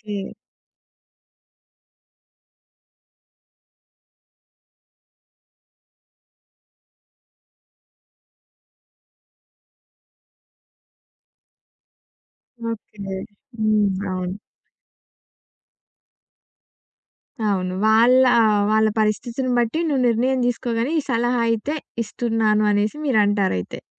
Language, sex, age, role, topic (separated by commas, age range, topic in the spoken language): Telugu, female, 20-24, host, ఇతరుల అభిప్రాయాలు మీ సృష్టిపై ఎలా ప్రభావం చూపిస్తాయి?